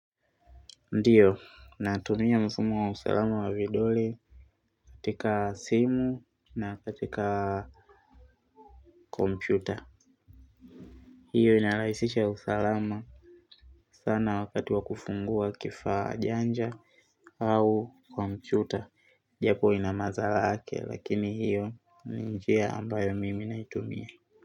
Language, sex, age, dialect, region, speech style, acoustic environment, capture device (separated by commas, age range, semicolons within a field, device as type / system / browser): Swahili, male, 25-34, Tanzania - Dar es Salaam, Tanzania, happy; tired, people talking; silence, mobile / Linux / Chrome